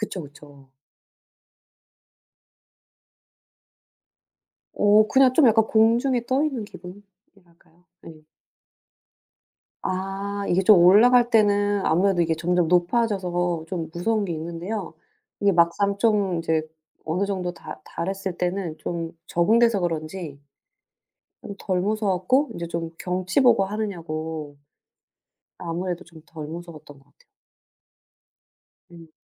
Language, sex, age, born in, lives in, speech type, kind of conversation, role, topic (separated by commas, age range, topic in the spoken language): Korean, female, 40-44, South Korea, United States, conversation, unstructured, none, 가장 인상 깊었던 자연 명소는 어디인가요?